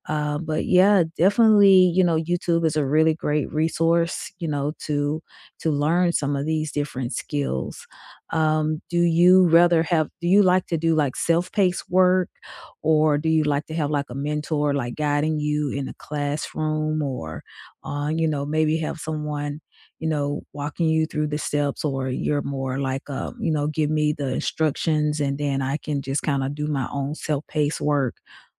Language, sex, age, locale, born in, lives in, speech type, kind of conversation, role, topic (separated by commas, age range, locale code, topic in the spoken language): English, female, 45-49, en-US, United States, United States, conversation, unstructured, none, What helped you learn a new skill as an adult?